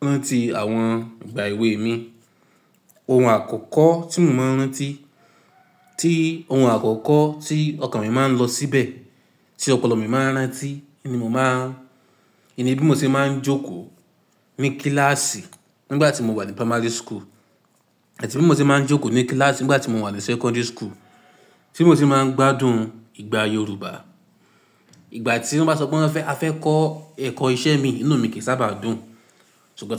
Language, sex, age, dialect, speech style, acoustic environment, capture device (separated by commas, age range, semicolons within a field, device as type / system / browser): Yoruba, male, 18-24, Nigeria - Ibadan, anxious; happy, silence, mobile / Linux / Chrome